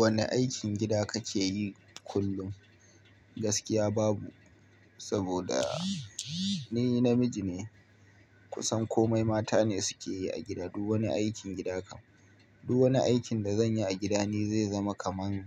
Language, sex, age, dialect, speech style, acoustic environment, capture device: Hausa, male, 25-34, Nigeria - Kano, happy, appliances; people talking, mobile / Linux / Chrome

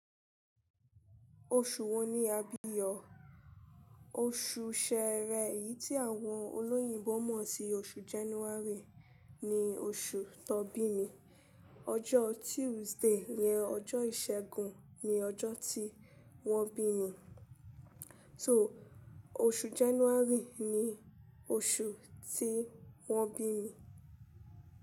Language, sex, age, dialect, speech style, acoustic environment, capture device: Yoruba, female, 25-34, Nigeria - Lagos Yoruba, relaxed, silence, mobile / Linux / Chrome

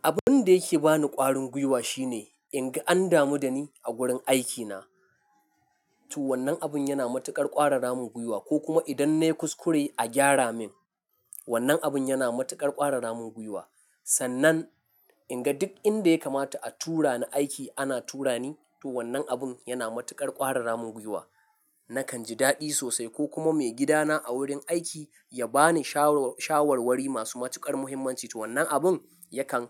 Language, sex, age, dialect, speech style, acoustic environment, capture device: Hausa, male, 25-34, Nigeria - Nigerian Standard, happy, silence, mobile / Linux / Chrome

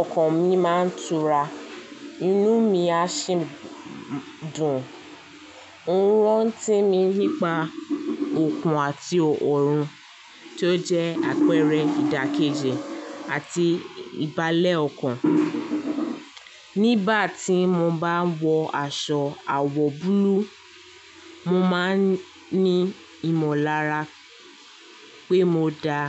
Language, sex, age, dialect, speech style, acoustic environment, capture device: Yoruba, female, 18-24, Nigeria - Lagos Yoruba, relaxed, nature, mobile / Linux / Chrome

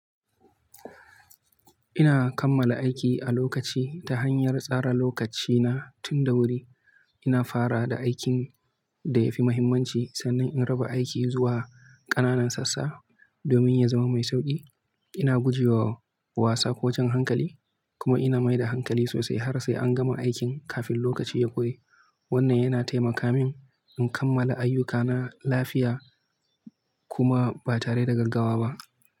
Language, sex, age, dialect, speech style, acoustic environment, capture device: Hausa, male, 18-24, Nigeria - Kano, focused, silence, mobile / Linux / Chrome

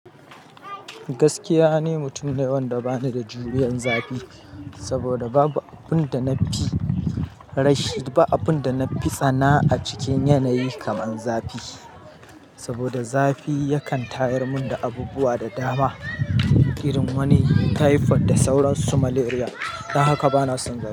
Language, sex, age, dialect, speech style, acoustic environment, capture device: Hausa, male, 35-44, Nigeria - Kano, happy, silence, mobile / Linux / Chrome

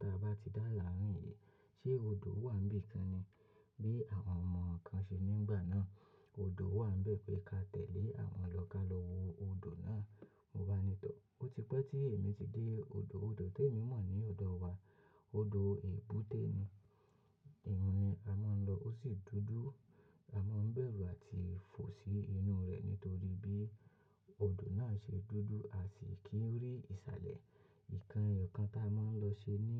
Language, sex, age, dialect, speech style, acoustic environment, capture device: Yoruba, male, 25-34, Nigeria - Lagos Yoruba, relaxed, silence, mobile / macOS / Safari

